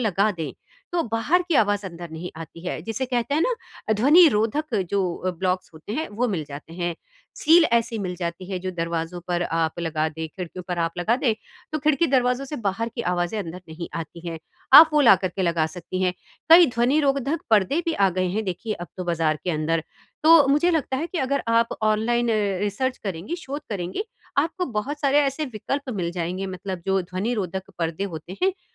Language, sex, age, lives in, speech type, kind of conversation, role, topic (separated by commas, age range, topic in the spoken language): Hindi, female, 50-54, India, conversation, advice, advisor, घर पर आराम करना मेरे लिए हमेशा मुश्किल क्यों होता है?